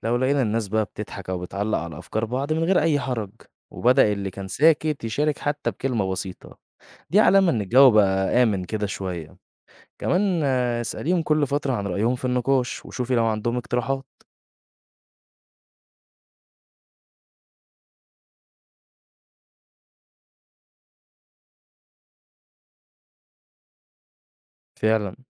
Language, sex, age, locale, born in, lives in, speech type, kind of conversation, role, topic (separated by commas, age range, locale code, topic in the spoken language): Arabic, male, 20-24, ar-EG, Egypt, Egypt, read, expert-assistant, expert, ليه ناس كتير بيختلفوا في تفسير نفس الموقف أو الكلام؟ إزاي دماغنا بتخلينا نشوف الأمور بشكل مختلف عن بعض؟